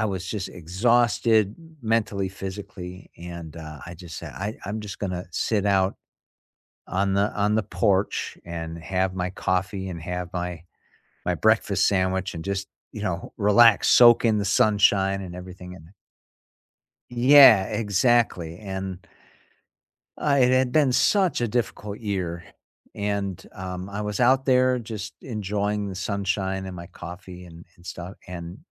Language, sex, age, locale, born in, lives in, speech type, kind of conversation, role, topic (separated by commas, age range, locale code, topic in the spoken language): English, male, 55-59, en-US, United States, United States, conversation, unstructured, none, What’s the most unexpected way pets have shown bravery?